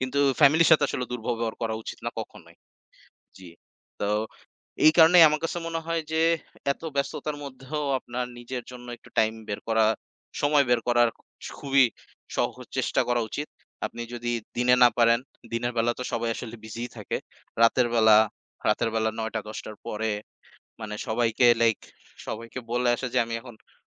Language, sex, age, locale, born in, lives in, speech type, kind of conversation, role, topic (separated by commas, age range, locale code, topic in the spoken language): Bengali, male, 20-24, bn-BD, Bangladesh, Bangladesh, conversation, unstructured, none, আপনি নিজের জন্য সময় বের করতে কীভাবে চেষ্টা করেন?